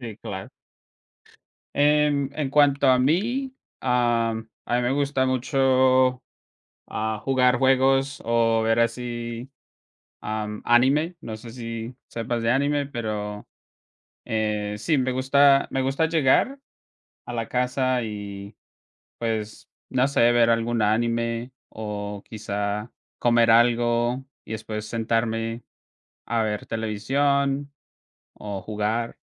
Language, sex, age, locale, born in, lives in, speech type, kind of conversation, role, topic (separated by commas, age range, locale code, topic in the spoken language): Spanish, male, 25-29, es-CO, Colombia, United States, conversation, unstructured, none, ¿Cuál es tu forma favorita de desconectar después de un día largo?